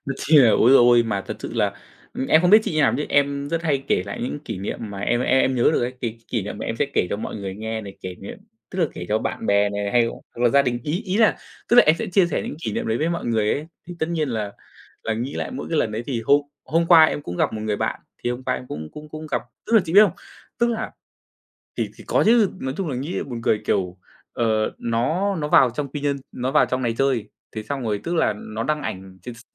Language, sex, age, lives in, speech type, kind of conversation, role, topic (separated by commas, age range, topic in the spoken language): Vietnamese, male, 25-29, Vietnam, conversation, unstructured, none, Có kỷ niệm nào khiến bạn cười mãi không quên không?